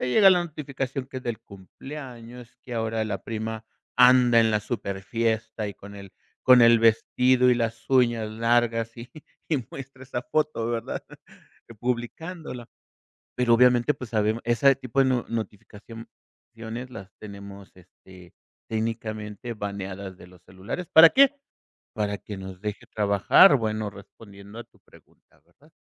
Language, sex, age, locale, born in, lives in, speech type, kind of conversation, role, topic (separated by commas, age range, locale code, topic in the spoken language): Spanish, male, 50-54, es-MX, Mexico, Mexico, conversation, podcast, guest, ¿Cómo afectan el celular y la tecnología a nuestra atención?